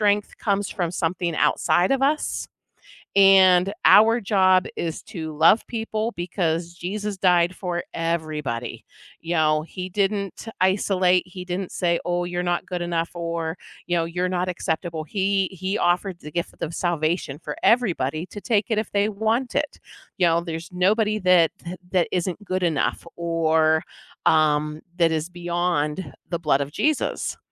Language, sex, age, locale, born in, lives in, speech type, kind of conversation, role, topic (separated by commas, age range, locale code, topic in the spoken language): English, female, 45-49, en-US, United States, United States, conversation, podcast, guest, Why is it sometimes difficult to be true to yourself in modern society?